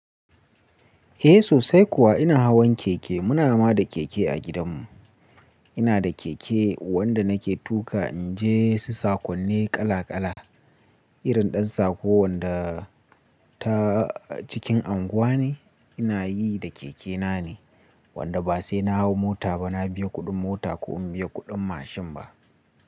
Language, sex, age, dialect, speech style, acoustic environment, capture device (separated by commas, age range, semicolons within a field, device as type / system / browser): Hausa, male, 18-24, Nigeria - Nigerian Standard, relaxed, nature, mobile / Linux / Chrome